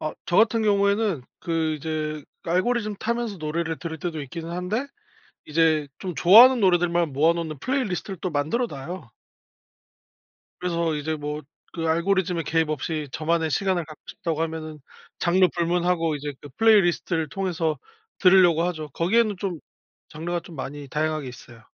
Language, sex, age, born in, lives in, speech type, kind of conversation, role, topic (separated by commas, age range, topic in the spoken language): Korean, male, 30-34, South Korea, South Korea, conversation, podcast, guest, 요즘 자주 듣는 노래가 있나요?